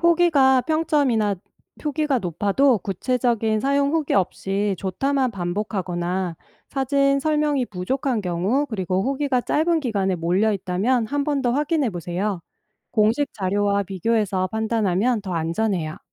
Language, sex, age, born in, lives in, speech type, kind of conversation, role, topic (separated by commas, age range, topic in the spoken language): Korean, female, 45-49, South Korea, United States, read, expert-assistant, expert, 인터넷에서 믿을 만한 작물 재배 정보를 어떻게 골라낼 수 있을까요?